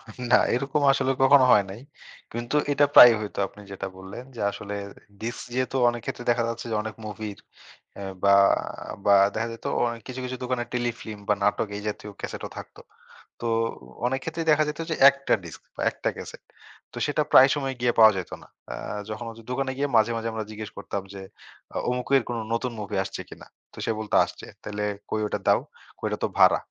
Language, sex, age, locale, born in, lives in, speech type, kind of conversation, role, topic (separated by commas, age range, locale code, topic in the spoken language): Bengali, male, 25-29, bn-BD, Bangladesh, Bangladesh, conversation, podcast, guest, ভিডিও ভাড়ার দোকান নিয়ে আপনার কোনো মজার স্মৃতি আছে কি?